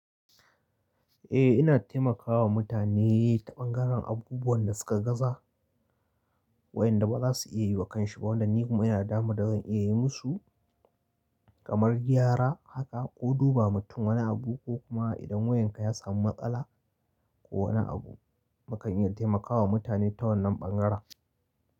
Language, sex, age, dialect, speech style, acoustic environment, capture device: Hausa, male, 25-34, Nigeria - Kano, happy; focused; nervous, nature; appliances, mobile / Linux / Chrome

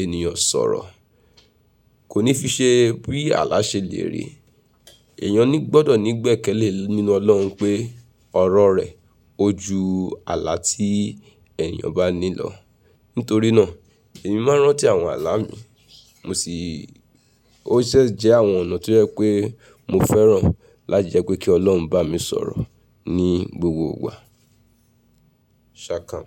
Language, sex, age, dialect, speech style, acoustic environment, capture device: Yoruba, female, 35-44, Nigeria - Nigerian Standard, relaxed, nature, mobile / Linux / Chrome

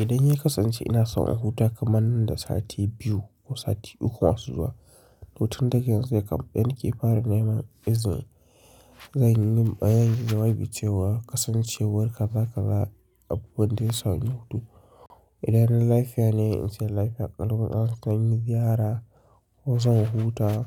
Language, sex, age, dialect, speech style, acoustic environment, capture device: Hausa, male, 25-34, Nigeria - Kano, relaxed; excited, silence; nature, mobile / Linux / Chrome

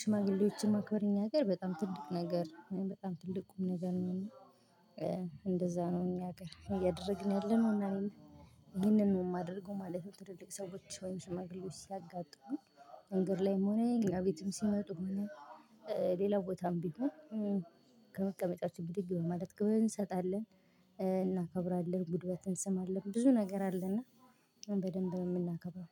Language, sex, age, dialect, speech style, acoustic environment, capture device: Amharic, female, 25-34, Ethiopia - Gojjam, happy; relaxed, silence, mobile / Linux / Chrome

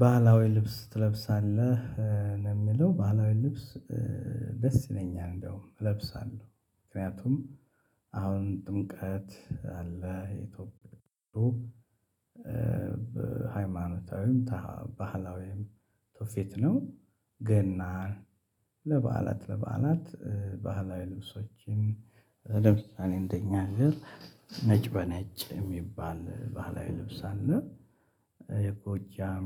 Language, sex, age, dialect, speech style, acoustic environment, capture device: Amharic, male, 35-44, Ethiopia - Addis Ababa, happy; excited, silence, mobile / Linux / Chrome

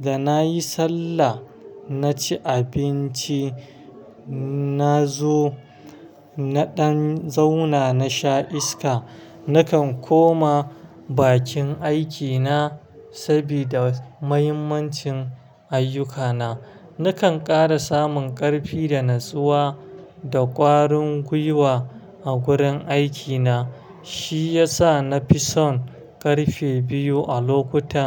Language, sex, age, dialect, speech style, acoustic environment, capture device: Hausa, male, 25-34, Nigeria - Kano, stressed; happy, traffic; silence, mobile / Linux / Chrome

